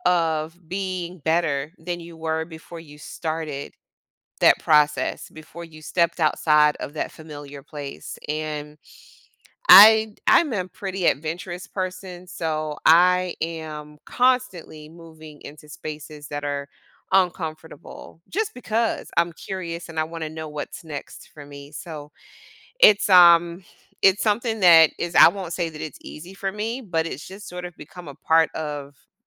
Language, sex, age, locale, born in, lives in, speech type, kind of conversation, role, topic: English, female, 45-49, en-US, United States, United States, conversation, podcast, guest, How can leaving your comfort zone lead to personal growth and new opportunities?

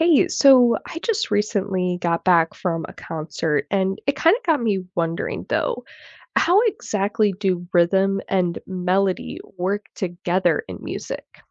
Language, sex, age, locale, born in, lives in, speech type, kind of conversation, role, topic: English, female, 20-24, en-US, United States, United States, read, expert-assistant, asker, How do rhythm and melody work in music?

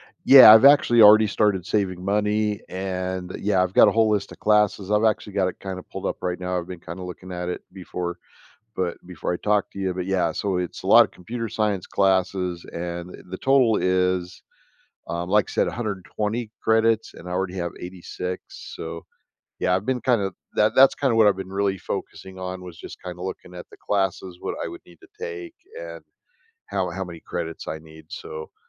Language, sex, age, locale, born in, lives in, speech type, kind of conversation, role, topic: English, male, 55-59, en-US, United States, United States, conversation, advice, user, How can I prepare practically and emotionally for a major life change?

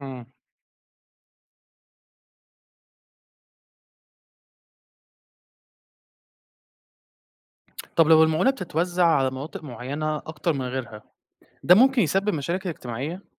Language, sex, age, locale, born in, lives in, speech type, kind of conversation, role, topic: Arabic, male, 20-24, ar-EG, Egypt, Egypt, read, expert-assistant, asker, هل فعلاً المعونات الأجنبية بتساعد الدول الفقيرة تطور اقتصادها، ولا في مبالغات حوالين تأثيرها الحقيقي؟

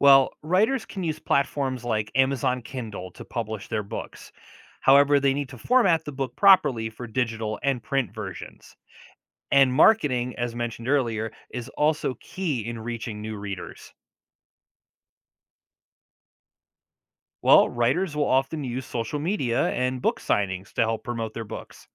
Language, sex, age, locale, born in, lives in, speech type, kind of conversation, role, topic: English, male, 40-44, en-US, United States, United States, read, expert-assistant, expert, Explain the process of writing and publishing a book.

